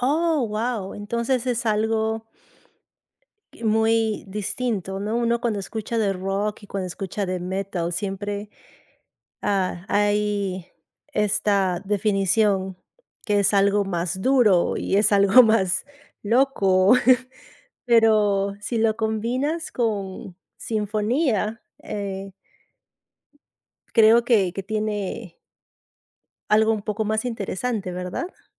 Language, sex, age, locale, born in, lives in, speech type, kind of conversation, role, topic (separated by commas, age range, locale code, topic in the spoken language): Spanish, female, 35-39, es-PE, Peru, United States, conversation, podcast, host, ¿Qué canción siempre te transporta a otro lugar?